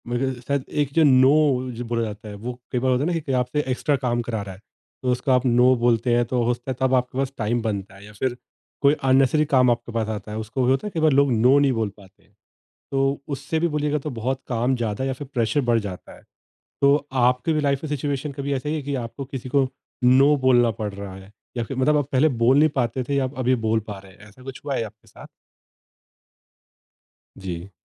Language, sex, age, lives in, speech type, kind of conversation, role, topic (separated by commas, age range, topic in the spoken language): Hindi, male, 30-34, India, conversation, podcast, host, Jab tumhe burnout feel hota hai, tab tum kya karte ho?